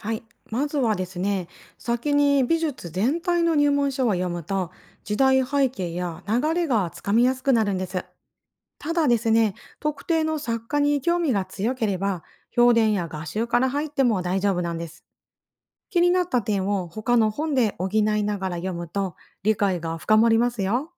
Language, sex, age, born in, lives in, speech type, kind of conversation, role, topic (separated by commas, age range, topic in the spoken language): Japanese, female, 40-44, Japan, Japan, read, expert-assistant, expert, 美術館に行く前に、作品や作家の背景を調べるにはどんな方法がありますか？